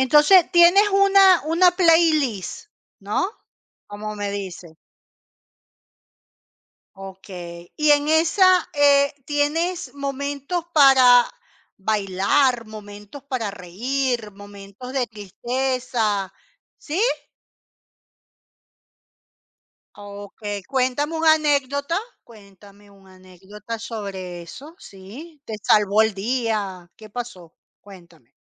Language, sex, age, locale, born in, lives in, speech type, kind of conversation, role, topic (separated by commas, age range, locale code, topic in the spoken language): Spanish, female, 50-54, es-CL, Venezuela, Portugal, conversation, podcast, host, ¿Cómo influye la música en tu estado de ánimo?